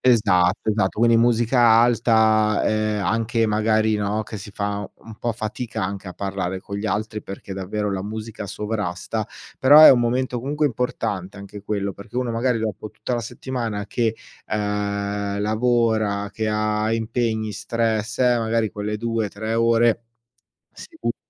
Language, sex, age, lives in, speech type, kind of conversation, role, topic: Italian, male, 40-44, Italy, conversation, podcast, guest, Che ruolo hanno le feste nel tenere unite le persone?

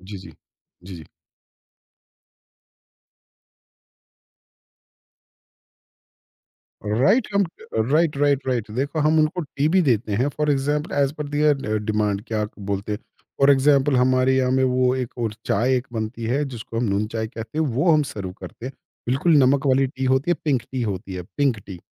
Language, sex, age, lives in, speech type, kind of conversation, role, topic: Hindi, male, 50-54, India, conversation, podcast, guest, Mehmaan aane par aapke ghar mein khaana-parosne ka koi khaas tareeka kya hai?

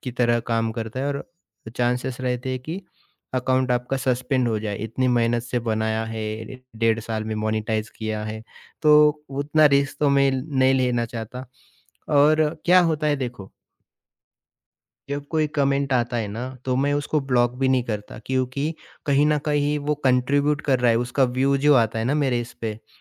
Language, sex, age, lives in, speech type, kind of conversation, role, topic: Hindi, male, 30-34, India, conversation, podcast, guest, Negative comments aur trolls ko handle kaise karte ho?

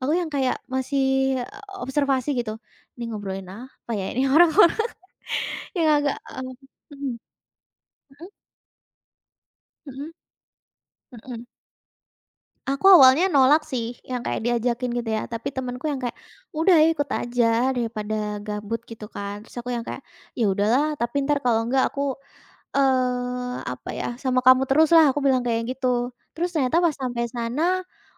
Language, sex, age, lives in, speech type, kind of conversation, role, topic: Indonesian, female, 20-24, Indonesia, conversation, podcast, guest, Apa tips Anda untuk membangun relasi secara daring dan luring?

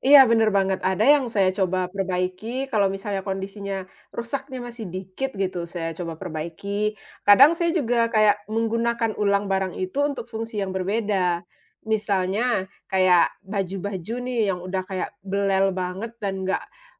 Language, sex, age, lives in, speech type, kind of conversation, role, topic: Indonesian, female, 35-39, Indonesia, conversation, podcast, guest, Pernahkah kamu memilih memperbaiki barang daripada membuangnya? Bisa ceritakan pengalamannya?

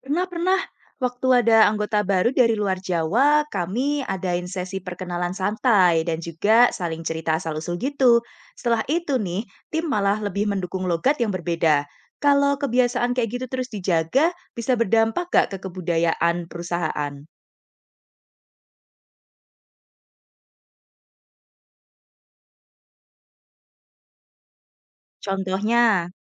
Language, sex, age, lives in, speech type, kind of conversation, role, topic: Indonesian, female, 25-29, Indonesia, read, expert-assistant, asker, Bagaimana logat atau aksen daerah bisa memengaruhi cara orang diperlakukan atau dinilai di masyarakat?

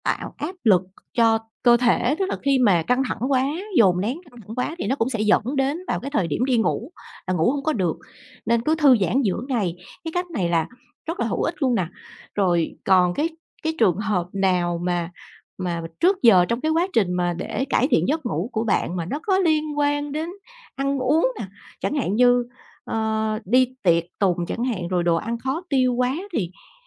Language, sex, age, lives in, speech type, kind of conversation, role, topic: Vietnamese, female, 40-44, Vietnam, conversation, podcast, host, Bạn có mẹo nào giúp ngủ ngon mà muốn chia sẻ không?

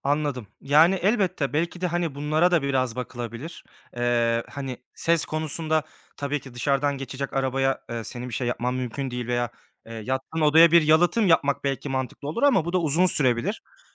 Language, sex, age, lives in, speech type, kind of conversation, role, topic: Turkish, male, 25-29, Germany, conversation, advice, advisor, Uyumadan önce stresimi azaltmak için hangi kolay ve etkili yöntemleri deneyebilirim?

